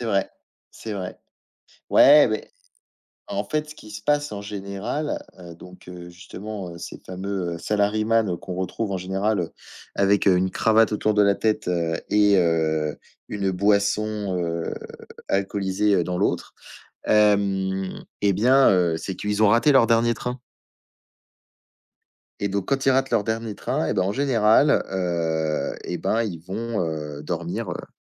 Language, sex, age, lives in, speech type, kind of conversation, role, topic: French, male, 20-24, France, conversation, unstructured, none, Quelle est la chose la plus surprenante que tu aies vue en voyage ?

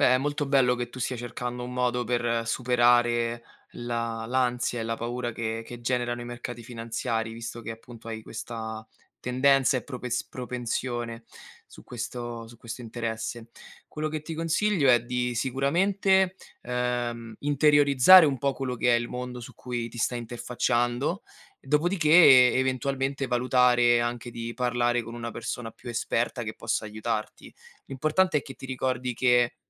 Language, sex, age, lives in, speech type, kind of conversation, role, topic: Italian, male, 25-29, Italy, conversation, advice, advisor, Come stai vivendo la paura di fallire dopo aver perso un investimento o aver lanciato un prodotto non riuscito?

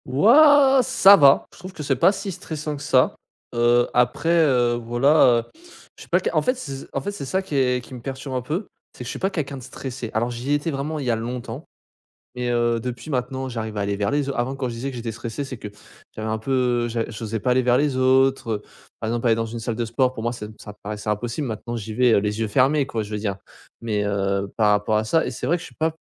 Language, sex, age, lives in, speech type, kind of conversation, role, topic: French, male, 20-24, France, conversation, advice, user, Pourquoi suis-je trop dépendant de mon téléphone tard le soir et comment cela perturbe-t-il mon sommeil ?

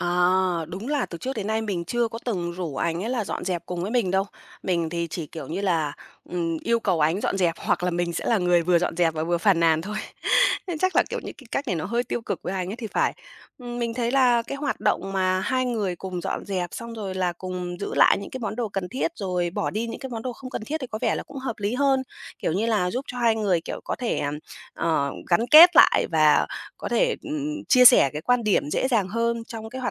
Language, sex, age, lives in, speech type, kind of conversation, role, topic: Vietnamese, female, 30-34, Vietnam, conversation, advice, user, Làm sao trao đổi với vợ/chồng khi họ không muốn tối giản nhưng mình muốn dọn nhà?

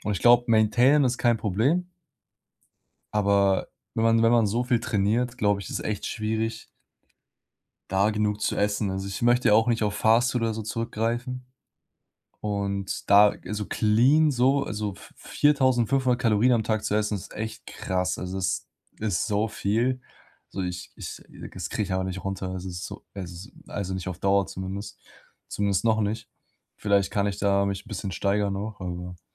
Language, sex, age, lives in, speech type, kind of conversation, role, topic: German, male, 20-24, Germany, conversation, advice, user, Wie bleibe ich mental stark und motiviert, wenn mein Training stagniert?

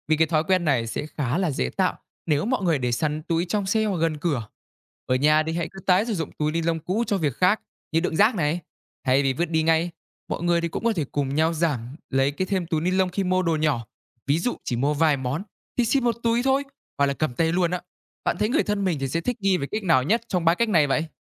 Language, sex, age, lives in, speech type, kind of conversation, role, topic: Vietnamese, male, 20-24, Vietnam, read, expert-assistant, expert, Vì sao nên hạn chế sử dụng túi nilon?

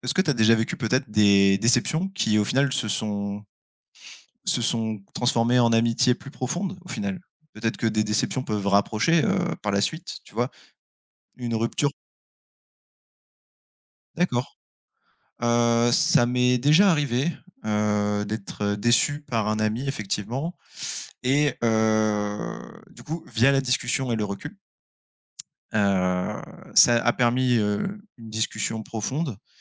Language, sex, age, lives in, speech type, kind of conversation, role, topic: French, male, 25-29, France, conversation, unstructured, none, Comment réagir lorsqu’un ami vous déçoit profondément ?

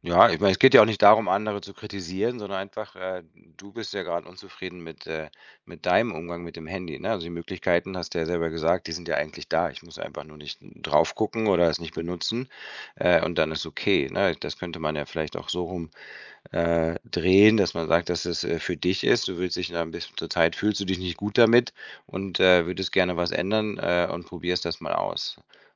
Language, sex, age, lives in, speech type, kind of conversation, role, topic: German, male, 50-54, Spain, conversation, advice, advisor, Wie kann ich trotz ständiger Erreichbarkeit wieder konzentriert arbeiten?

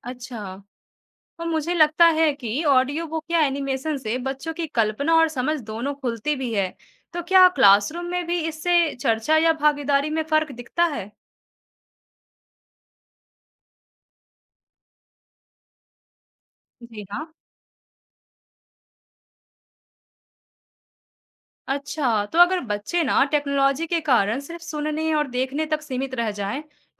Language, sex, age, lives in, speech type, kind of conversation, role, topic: Hindi, female, 20-24, India, read, expert-assistant, asker, क्लासिक उपन्यासों की कहानियों को आज की जिंदगी से कैसे जोड़ा जा सकता है?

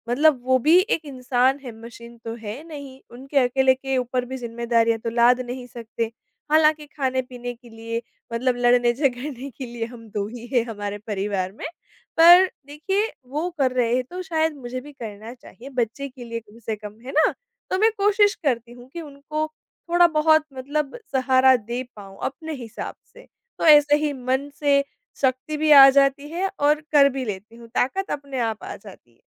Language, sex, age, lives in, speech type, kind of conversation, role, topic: Hindi, female, 25-29, India, conversation, podcast, guest, आपके लिए अपनी पहचान पर गर्व करने का क्या अर्थ है?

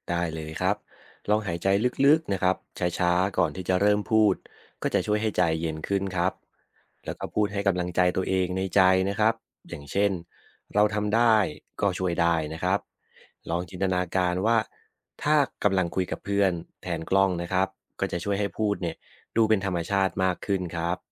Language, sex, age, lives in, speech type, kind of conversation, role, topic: Thai, male, 30-34, Thailand, read, expert-assistant, expert, ถ้าอยากพูดหน้ากล้องได้ลื่นไหลขึ้น ควรฝึกนิสัยหรือกิจวัตรแบบไหน?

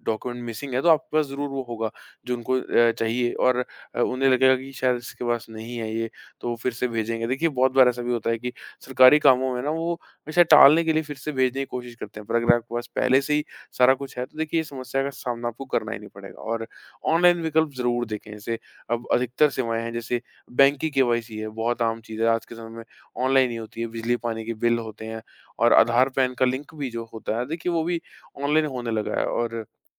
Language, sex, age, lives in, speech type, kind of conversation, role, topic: Hindi, male, 25-29, India, conversation, advice, advisor, खाते और सरकारी कागज़ात बनवाने में आपको किस तरह की दिक्कत हो रही है?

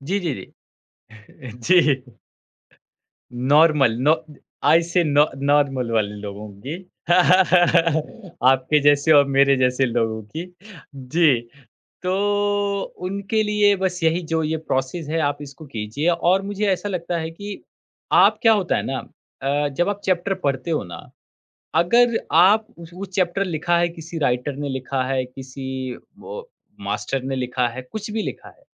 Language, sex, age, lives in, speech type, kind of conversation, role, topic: Hindi, male, 30-34, India, conversation, podcast, guest, Aap kaise sure karte ho ki jo aapne padha hai, woh aapko yaad rahe?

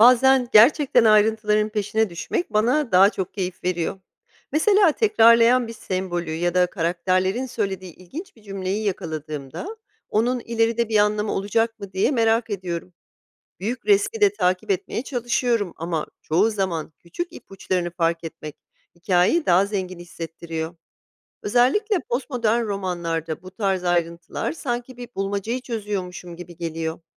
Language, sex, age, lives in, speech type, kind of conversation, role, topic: Turkish, female, 40-44, United States, read, expert-assistant, asker, Postmodern romanlarda anlatıcı ve belirsizlik okuma deneyimini nasıl etkiler?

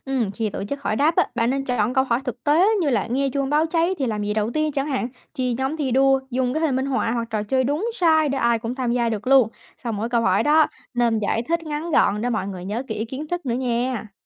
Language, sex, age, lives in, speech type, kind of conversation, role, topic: Vietnamese, female, 20-24, United States, read, expert-assistant, expert, Khi muốn cập nhật các hướng dẫn mới nhất về xử lý tình huống khẩn cấp như cháy nổ hay dịch bệnh, bạn sẽ tra cứu ở đâu để đảm bảo thông tin chính xác và dễ hiểu?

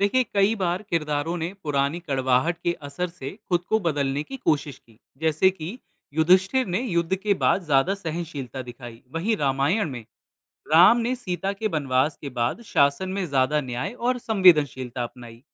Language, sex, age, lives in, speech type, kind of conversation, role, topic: Hindi, male, 25-29, India, read, expert-assistant, expert, क्या रामायण या महाभारत जैसी क्लासिक किताबों से हमें आज के रिश्तों को निभाने के लिए कुछ सीख मिलती है?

